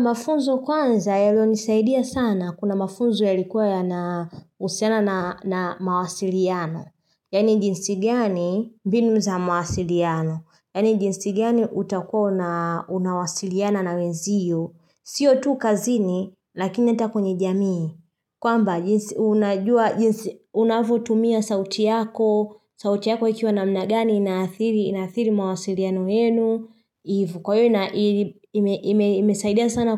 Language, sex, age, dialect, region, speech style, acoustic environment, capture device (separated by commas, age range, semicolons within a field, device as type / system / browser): Swahili, female, 25-34, Tanzania - Dar es Salaam, Tanzania, happy, nature; silence, mobile / Linux / Chrome